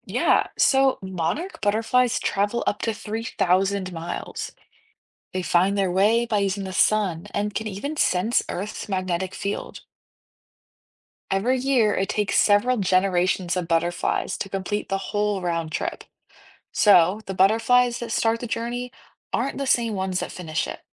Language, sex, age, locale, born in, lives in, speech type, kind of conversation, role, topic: English, female, 18-19, en-US, United States, United States, read, expert-assistant, expert, Why do some animals migrate and how do they find their way?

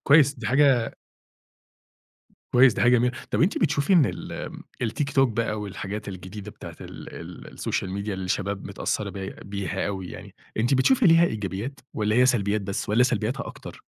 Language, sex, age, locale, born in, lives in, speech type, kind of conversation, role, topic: Arabic, male, 30-34, ar-EG, Egypt, Egypt, conversation, podcast, host, إيه أساليب التواصل اللي بتختلف بين الأجيال جوه العيلة؟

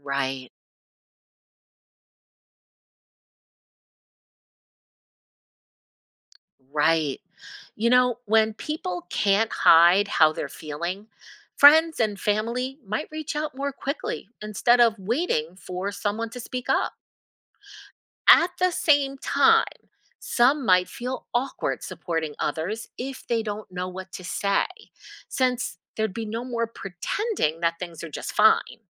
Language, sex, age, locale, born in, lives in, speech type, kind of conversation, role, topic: English, female, 50-54, en-US, United States, United States, read, expert-assistant, expert, What would happen if masks showed people's moods?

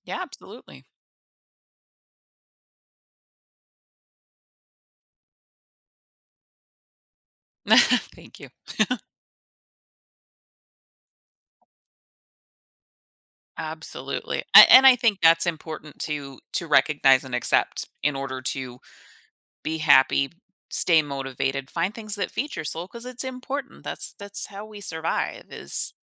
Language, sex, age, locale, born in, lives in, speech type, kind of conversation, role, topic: English, female, 35-39, en-US, United States, United States, conversation, podcast, guest, What helps you stay committed to something you enjoy over the long term?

